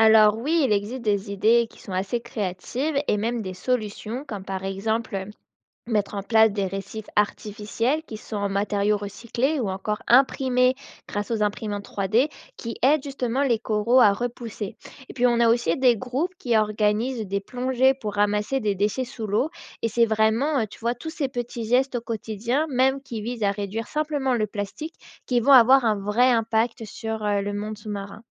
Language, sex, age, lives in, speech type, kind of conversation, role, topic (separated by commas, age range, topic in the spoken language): French, female, 25-29, France, read, expert-assistant, expert, Comment pourrait-on imaginer des idées originales pour protéger la vie sous-marine face à la pollution ?